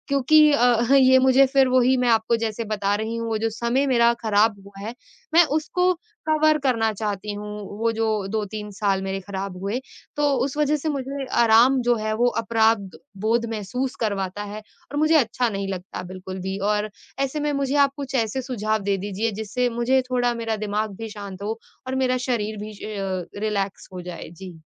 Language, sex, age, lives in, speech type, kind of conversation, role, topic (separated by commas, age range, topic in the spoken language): Hindi, female, 25-29, India, conversation, advice, user, आराम करते समय आपको अपराधबोध क्यों महसूस होता है?